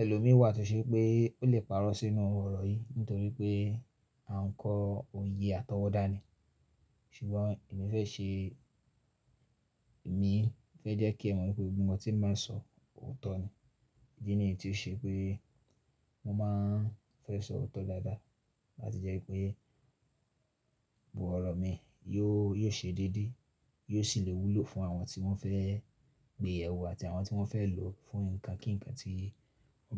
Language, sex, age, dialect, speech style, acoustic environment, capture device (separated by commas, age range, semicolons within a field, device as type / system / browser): Yoruba, male, 25-34, Nigeria - Ibadan, focused; relaxed, silence, mobile / Linux / Chrome